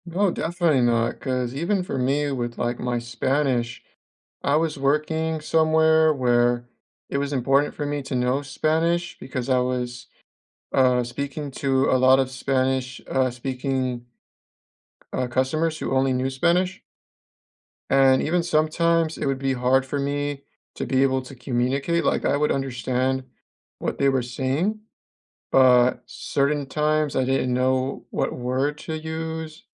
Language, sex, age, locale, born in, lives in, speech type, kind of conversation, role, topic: English, male, 25-29, en-US, United States, United States, conversation, unstructured, none, What is a recent small win you’re proud of, and why did it matter to you?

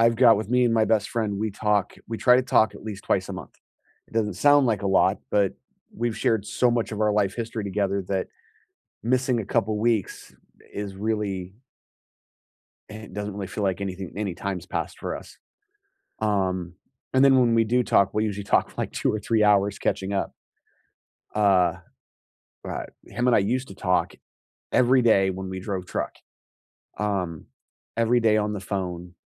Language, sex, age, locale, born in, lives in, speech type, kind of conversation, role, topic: English, male, 40-44, en-US, United States, United States, conversation, unstructured, none, What helps you stay truly connected with friends through moves, milestones, and changing priorities?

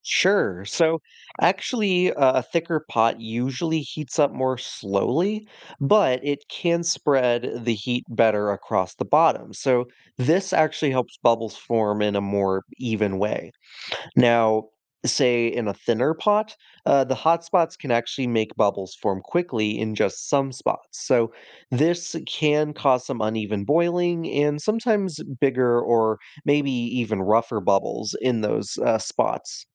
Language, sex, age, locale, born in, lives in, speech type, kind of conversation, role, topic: English, male, 20-24, en-US, United States, United States, read, expert-assistant, expert, Why do bubbles form in boiling water?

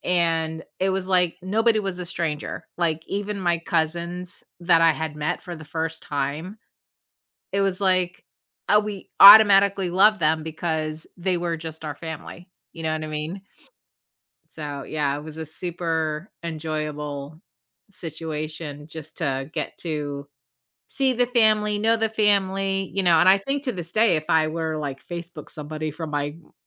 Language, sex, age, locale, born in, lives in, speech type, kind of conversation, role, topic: English, female, 45-49, en-US, United States, United States, conversation, podcast, host, What helps families stay close and supportive through challenges?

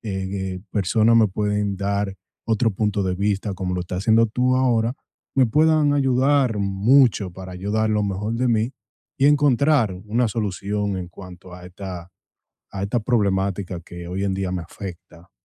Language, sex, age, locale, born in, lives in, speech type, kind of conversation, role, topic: Spanish, male, 25-29, es-DO, United States, United States, conversation, advice, user, ¿Cómo puedo proteger mi autoestima cuando recibo críticas en el trabajo?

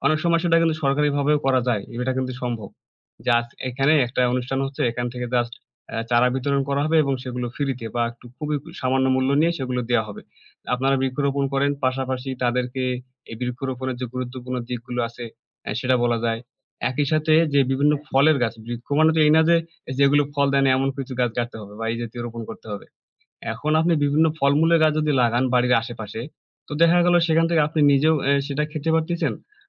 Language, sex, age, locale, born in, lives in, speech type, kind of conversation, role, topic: Bengali, male, 20-24, bn-BD, Bangladesh, Bangladesh, conversation, unstructured, none, গাছ লাগানো আপনার জীবনে কী পরিবর্তন এনেছে?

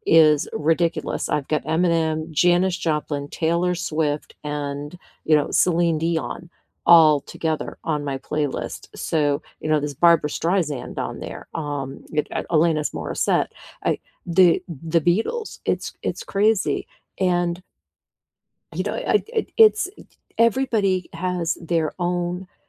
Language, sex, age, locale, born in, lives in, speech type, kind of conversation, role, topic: English, female, 55-59, en-US, United States, United States, conversation, unstructured, none, What has been keeping you company lately—music or podcasts—and why?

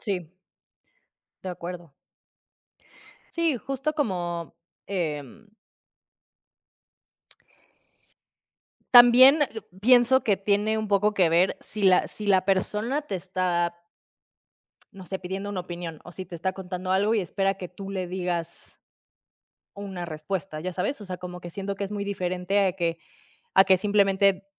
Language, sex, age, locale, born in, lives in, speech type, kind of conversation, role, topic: Spanish, female, 30-34, es-MX, Mexico, Mexico, conversation, unstructured, none, ¿Crees que siempre está bien decir la verdad, aunque duela?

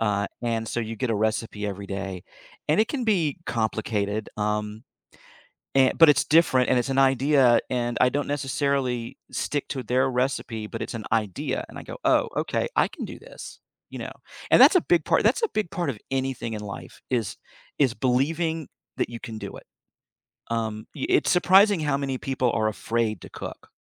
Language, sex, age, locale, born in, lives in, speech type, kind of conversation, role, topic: English, male, 55-59, en-US, United States, United States, conversation, podcast, guest, How do you like to express yourself creatively?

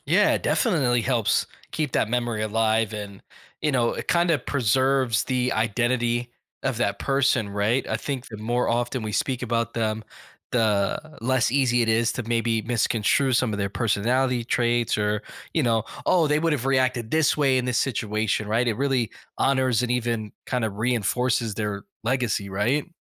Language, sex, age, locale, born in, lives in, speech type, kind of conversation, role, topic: English, male, 35-39, en-US, United States, United States, conversation, podcast, host, How can sharing memories of those we've lost help us heal and stay connected?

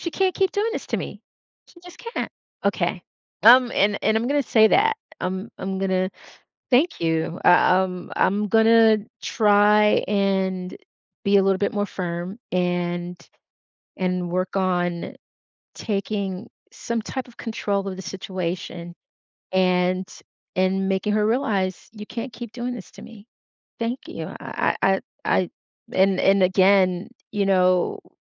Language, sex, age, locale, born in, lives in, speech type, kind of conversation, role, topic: English, female, 55-59, en-US, United States, United States, conversation, advice, user, How do I address a friend who keeps canceling plans and being disrespectful?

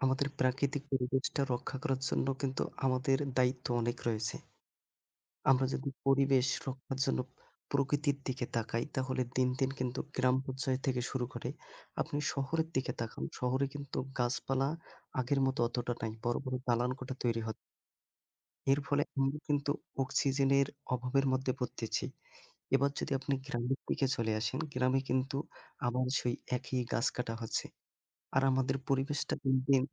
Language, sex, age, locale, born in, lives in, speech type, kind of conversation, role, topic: Bengali, male, 25-29, bn-BD, Bangladesh, Bangladesh, conversation, unstructured, none, পরিবেশ রক্ষায় ছোট ছোট কাজ কীভাবে সাহায্য করে?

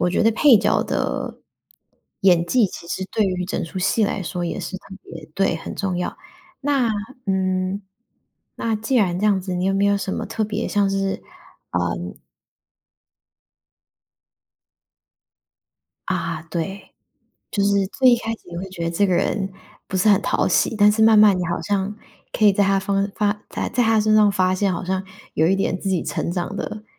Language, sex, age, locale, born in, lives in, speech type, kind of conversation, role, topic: Chinese, female, 25-29, zh-TW, Taiwan, United States, conversation, podcast, host, 你觉得角色会通过哪些方式影响观众？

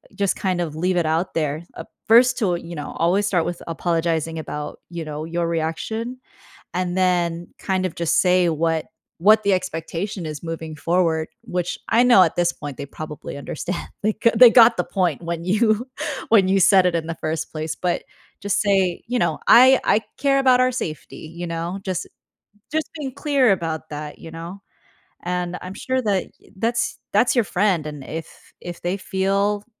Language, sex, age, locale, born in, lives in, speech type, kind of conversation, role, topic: English, female, 25-29, en-US, United States, United States, conversation, advice, advisor, How can I apologize and repair a close friendship after a harsh argument?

